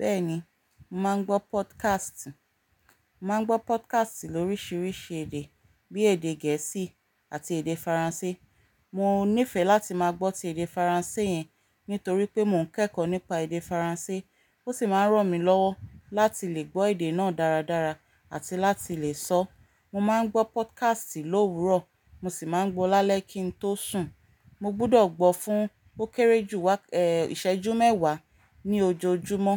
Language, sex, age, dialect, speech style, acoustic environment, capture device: Yoruba, female, 35-44, Nigeria - Ibadan, relaxed, nature; silence; appliances, mobile / Linux / Chrome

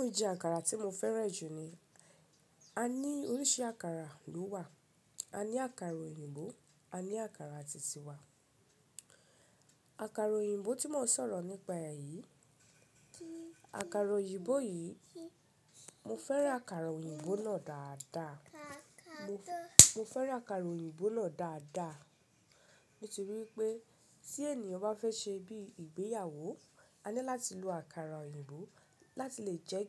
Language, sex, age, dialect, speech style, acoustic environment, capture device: Yoruba, female, 25-34, Nigeria - Ibadan, relaxed, nature, mobile / Linux / Chrome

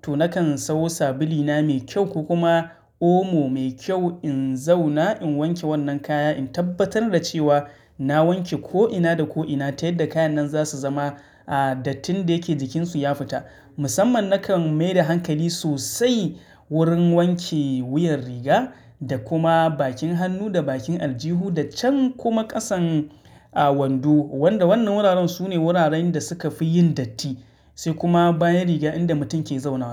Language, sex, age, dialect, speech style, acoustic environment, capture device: Hausa, male, 25-34, Nigeria - Katsina, excited, silence, mobile / Linux / Chrome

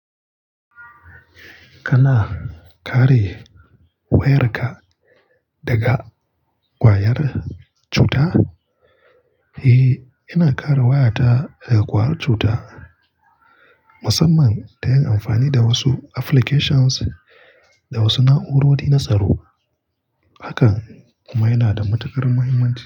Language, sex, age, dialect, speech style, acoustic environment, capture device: Hausa, male, 25-34, Nigeria - Kano, relaxed; focused, nature; appliances, mobile / Linux / Chrome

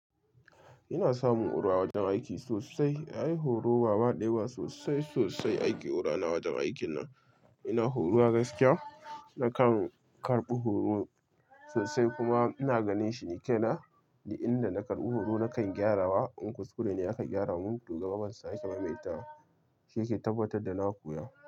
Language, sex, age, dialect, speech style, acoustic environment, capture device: Hausa, male, 25-34, Nigeria - Kano, relaxed; excited; focused, silence, mobile / Linux / Chrome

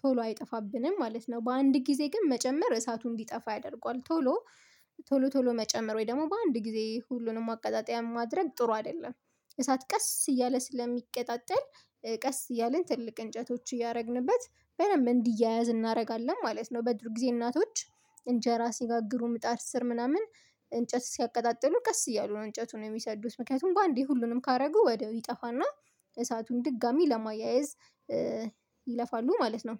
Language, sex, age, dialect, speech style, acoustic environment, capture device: Amharic, female, 25-34, Ethiopia - Addis Ababa, focused, nature, mobile / Linux / Chrome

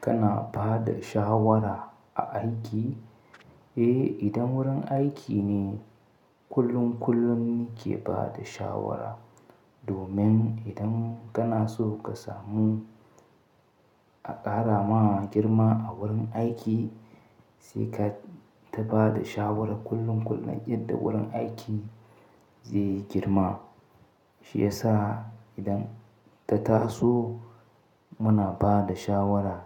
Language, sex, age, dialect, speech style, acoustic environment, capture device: Hausa, male, 25-34, Nigeria - Katsina, excited; happy, silence, mobile / Linux / Chrome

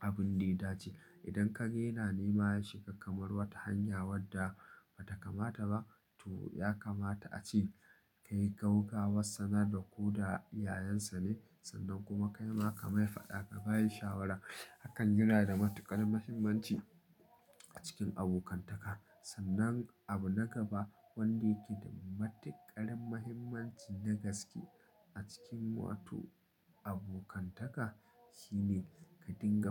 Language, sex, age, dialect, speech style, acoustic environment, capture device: Hausa, male, 25-34, Nigeria - Nigerian Standard, happy, people talking, mobile / Linux / Chrome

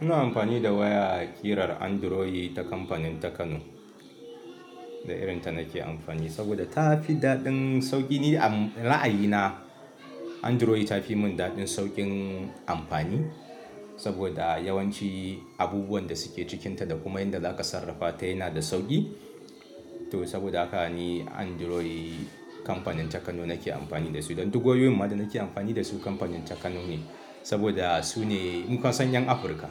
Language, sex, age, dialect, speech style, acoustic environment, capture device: Hausa, male, 35-44, Nigeria - Kano, happy, people talking; appliances; nature, mobile / Linux / Chrome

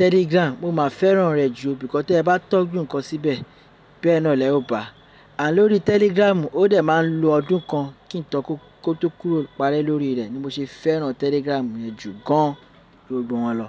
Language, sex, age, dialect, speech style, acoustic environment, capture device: Yoruba, male, 35-44, Nigeria - Lagos Yoruba, happy, people talking, desktop / Linux / Chrome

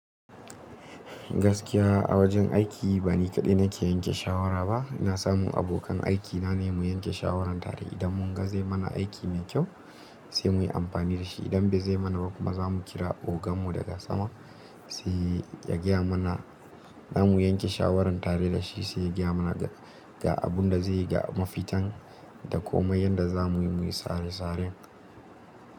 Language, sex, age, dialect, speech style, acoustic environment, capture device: Hausa, male, 18-24, Nigeria - Nigerian Standard, happy; excited; focused, silence; nature; appliances, mobile / Linux / Chrome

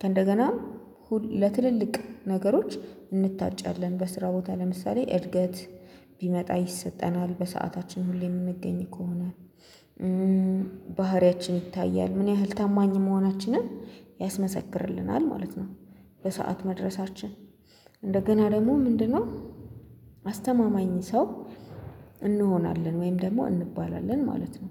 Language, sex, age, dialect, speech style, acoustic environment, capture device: Amharic, female, 25-34, Ethiopia - Addis Ababa, happy, silence, mobile / Linux / Chrome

